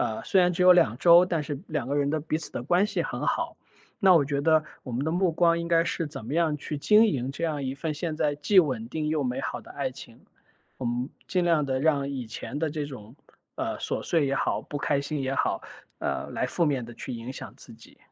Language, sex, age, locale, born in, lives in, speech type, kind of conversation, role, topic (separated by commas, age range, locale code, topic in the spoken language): Chinese, male, 35-39, zh-CN, China, United States, conversation, advice, advisor, 我总是害怕被伴侣抛弃或在关键时刻背叛，该怎么办？